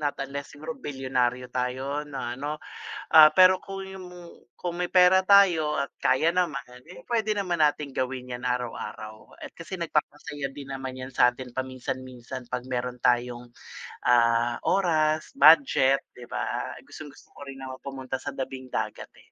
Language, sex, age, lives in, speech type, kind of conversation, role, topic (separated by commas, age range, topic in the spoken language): Filipino, male, 45-49, Philippines, conversation, unstructured, none, Anong simpleng gawain ang nagpapasaya sa iyo araw-araw?